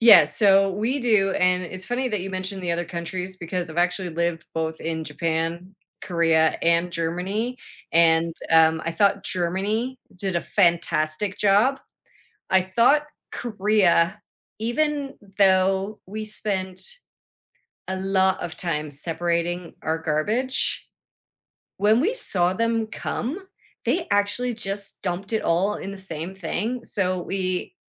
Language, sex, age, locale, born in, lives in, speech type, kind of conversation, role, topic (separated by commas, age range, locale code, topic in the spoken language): English, female, 50-54, en-CA, Canada, United States, conversation, podcast, guest, How can we make reducing plastic waste a simple part of everyday life?